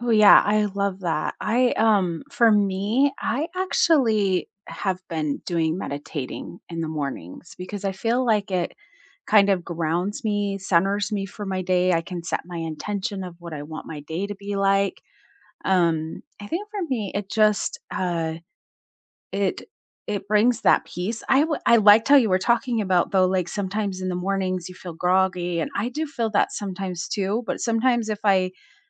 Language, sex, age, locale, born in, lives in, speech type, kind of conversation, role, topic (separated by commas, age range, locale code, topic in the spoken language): English, female, 45-49, en-US, United States, United States, conversation, unstructured, none, Which morning rituals help you start the day on a positive note, and how can we inspire each other to try new ones?